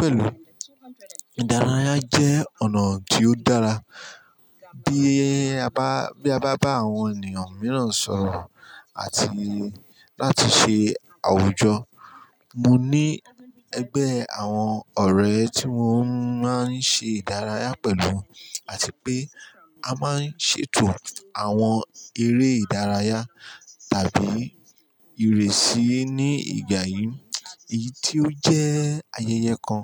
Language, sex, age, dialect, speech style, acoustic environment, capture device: Yoruba, male, 35-44, Nigeria - Ijebu, happy; anxious; stressed; excited; tired, construction; people talking; music; silence; appliances, mobile / Linux / Chrome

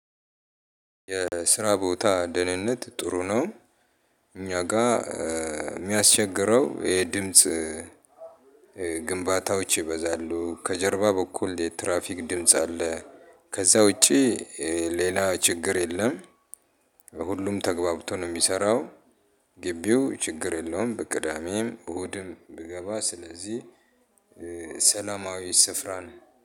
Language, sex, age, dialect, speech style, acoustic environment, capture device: Amharic, male, 35-44, Ethiopia - Addis Ababa, happy; relaxed; excited; focused, people talking; appliances, mobile / Linux / Chrome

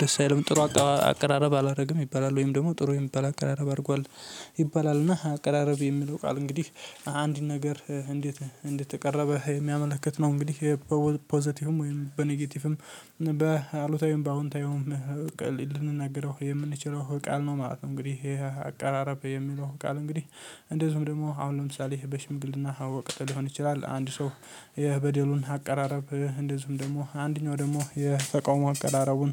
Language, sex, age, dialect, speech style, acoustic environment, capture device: Amharic, male, 18-24, Ethiopia - Addis Ababa, happy; focused, nature; silence, mobile / Linux / Chrome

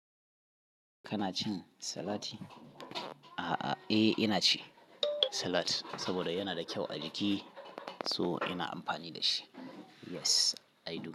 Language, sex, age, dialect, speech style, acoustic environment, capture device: Hausa, male, 35-44, Nigeria - Kano, tired, people talking, mobile / Linux / Chrome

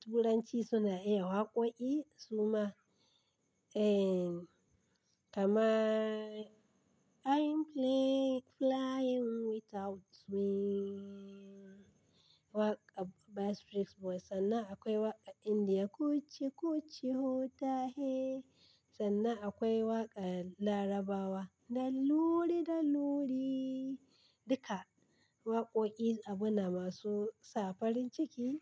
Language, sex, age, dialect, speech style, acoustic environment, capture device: Hausa, female, 35-44, Nigeria - Kano, happy, people talking, desktop / Linux / Chrome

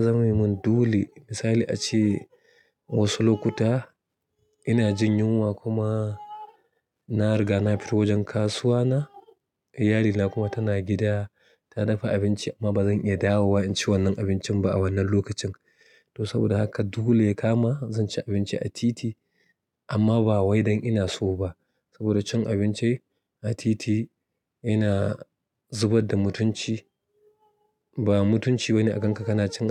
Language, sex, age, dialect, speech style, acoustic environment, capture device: Hausa, male, 35-44, Nigeria - Kano, happy, silence, mobile / Linux / Chrome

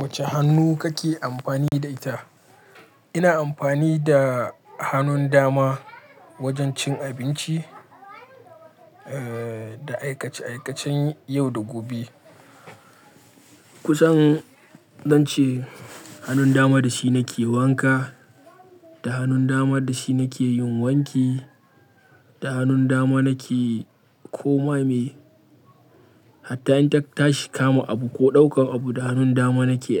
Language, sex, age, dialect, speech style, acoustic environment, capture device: Hausa, male, 25-34, Nigeria - Kano, happy; relaxed; focused, silence, mobile / Linux / Chrome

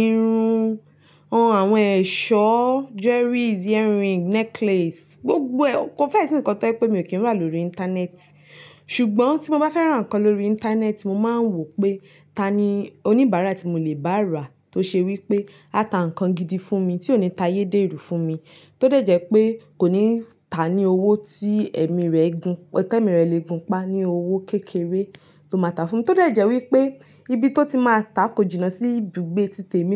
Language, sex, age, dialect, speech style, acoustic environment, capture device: Yoruba, female, 25-34, Nigeria - Nigerian Standard, focused, nature, mobile / Linux / Chrome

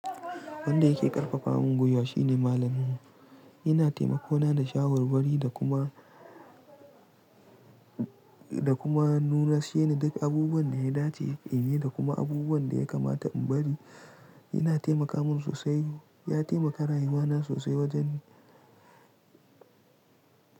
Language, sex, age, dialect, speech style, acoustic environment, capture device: Hausa, male, 18-24, Nigeria - Pidgin-influenced, relaxed; tired; stressed; focused, silence; nature, mobile / Linux / Chrome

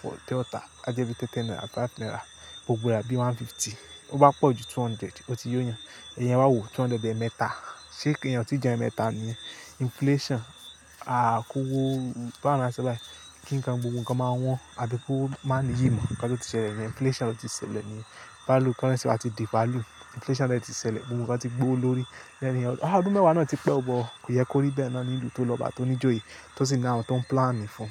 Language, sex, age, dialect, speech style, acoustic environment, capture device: Yoruba, male, 25-34, Nigeria - Lagos Yoruba, happy; tired, nature, mobile / Linux / Chrome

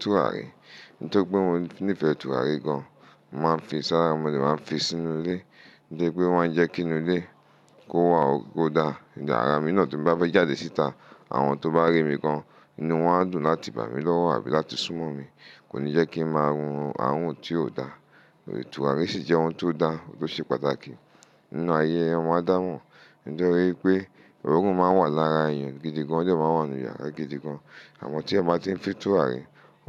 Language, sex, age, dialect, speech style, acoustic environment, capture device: Yoruba, male, 35-44, Nigeria - Lagos Yoruba, focused, silence, mobile / Linux / Chrome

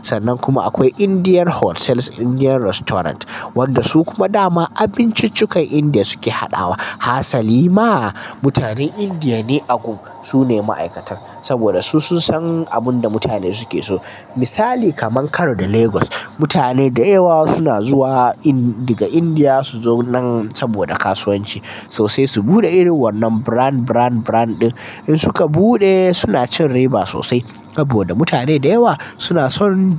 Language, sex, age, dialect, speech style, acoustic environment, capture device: Hausa, male, 18-24, Nigeria - Kano, happy; anxious; tired; relaxed; nervous; excited; focused; stressed, silence; people talking; nature; music; traffic; construction; appliances, mobile / Linux / Chrome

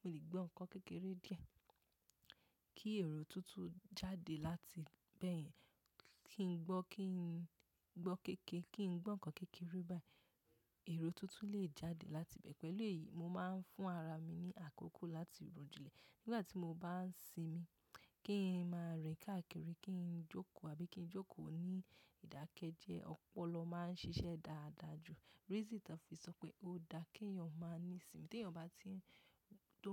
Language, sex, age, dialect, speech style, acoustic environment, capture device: Yoruba, female, 18-24, Nigeria - Lagos Yoruba, relaxed; focused, nature; silence, mobile / macOS / Safari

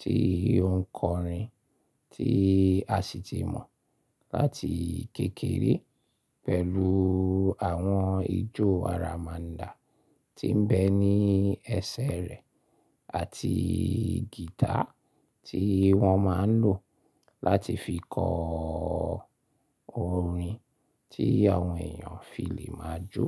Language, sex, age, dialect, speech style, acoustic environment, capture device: Yoruba, male, 35-44, Nigeria - Lagos Yoruba, happy; focused; excited, silence; appliances; construction, mobile / Linux / Chrome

